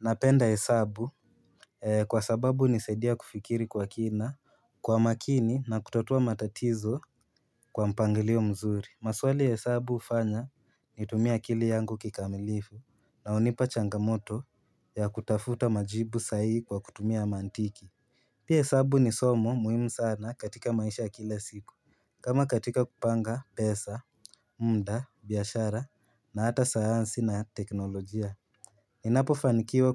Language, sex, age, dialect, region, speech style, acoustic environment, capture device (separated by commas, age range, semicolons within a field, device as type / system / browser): Swahili, male, 18-24, Kenya - Nairobi Sheng-influenced, Kenya, relaxed, nature, mobile / Linux / Chrome